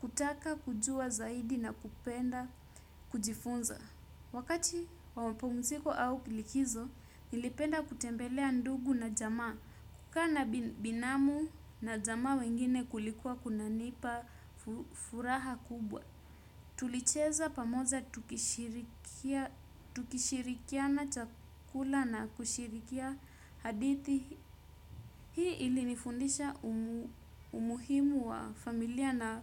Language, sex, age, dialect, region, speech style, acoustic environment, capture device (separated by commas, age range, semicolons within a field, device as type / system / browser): Swahili, female, 25-34, Kenya - Mombasa, Kenya, nervous, nature, desktop / Windows / Chrome